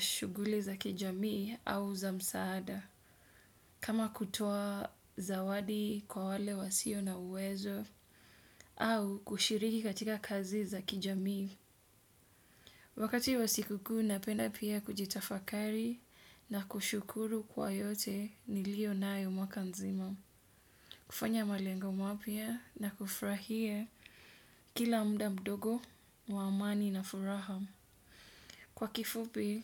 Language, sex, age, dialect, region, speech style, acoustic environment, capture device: Swahili, female, 25-34, Kenya - Mombasa, Kenya, relaxed, nature, desktop / Windows / Edge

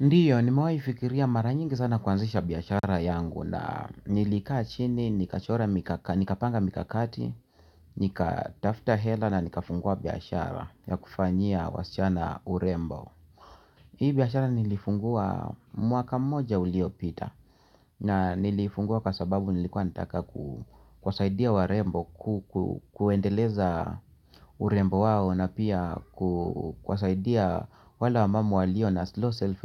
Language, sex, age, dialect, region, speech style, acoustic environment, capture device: Swahili, male, 45-54, Kenya - Nairobi Sheng-influenced, Kenya, relaxed, music, mobile / Linux / Chrome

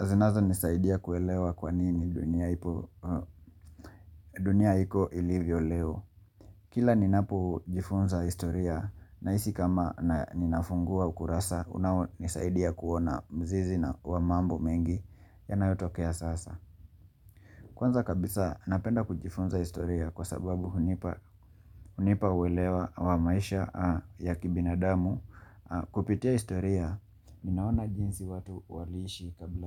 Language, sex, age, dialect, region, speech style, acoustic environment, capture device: Swahili, male, 25-34, Kenya - Mombasa, Kenya, relaxed, silence, mobile / Linux / Chrome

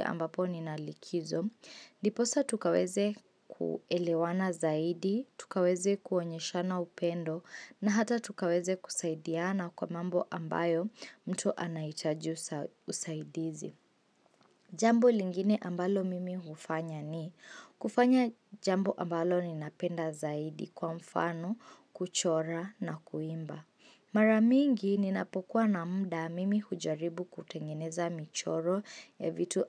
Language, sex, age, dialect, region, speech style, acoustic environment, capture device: Swahili, female, 18-24, Kenya - Mombasa, Kenya, focused, silence, mobile / Linux / Chrome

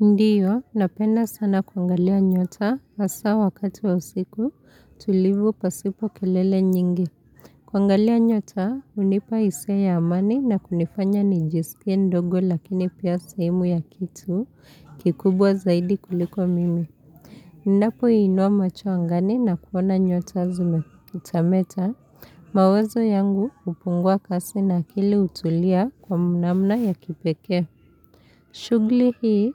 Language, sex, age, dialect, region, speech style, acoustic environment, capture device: Swahili, female, 25-34, Kenya - Nairobi Sheng-influenced, Kenya, happy; relaxed; focused, appliances; nature; silence; people talking, mobile / Linux / Chrome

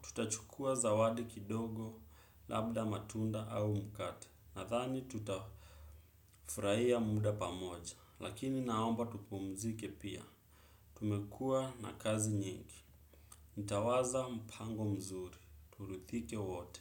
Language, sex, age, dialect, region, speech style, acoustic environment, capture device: Swahili, male, 25-34, Kenya - Nairobi Sheng-influenced, Kenya, focused, people talking, desktop / Windows / Chrome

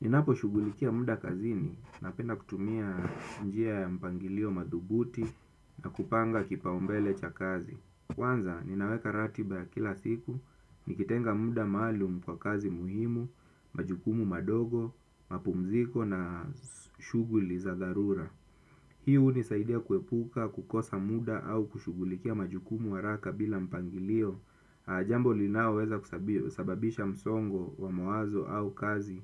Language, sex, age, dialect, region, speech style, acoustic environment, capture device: Swahili, male, 25-34, Kenya - Mombasa, Kenya, nervous; anxious, silence, desktop / Windows / Chrome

